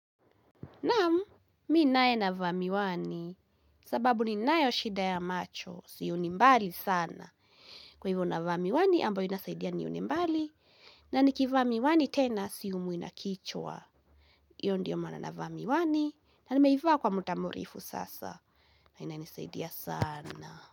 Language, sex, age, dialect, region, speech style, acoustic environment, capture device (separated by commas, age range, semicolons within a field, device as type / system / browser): Swahili, female, 18-24, Kenya - Kiambu, Kenya, happy, nature, mobile / Linux / Chrome